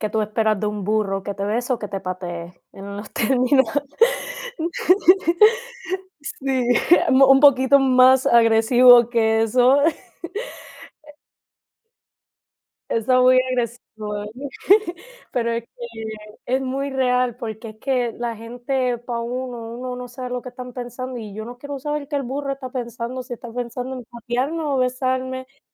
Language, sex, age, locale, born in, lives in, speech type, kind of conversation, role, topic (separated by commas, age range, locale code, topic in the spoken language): Spanish, female, 20-24, es-CU, Puerto Rico, United States, conversation, unstructured, none, ¿Cómo te afecta que te juzguen sin conocerte bien?